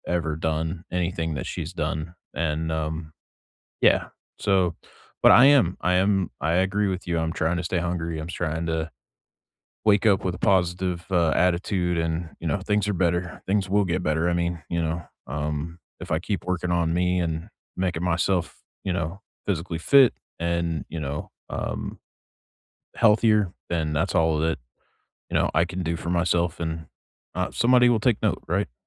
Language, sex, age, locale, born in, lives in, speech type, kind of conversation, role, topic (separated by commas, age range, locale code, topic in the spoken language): English, male, 40-44, en-US, United States, United States, conversation, unstructured, none, What small rituals start or end your day, and why do they matter to you?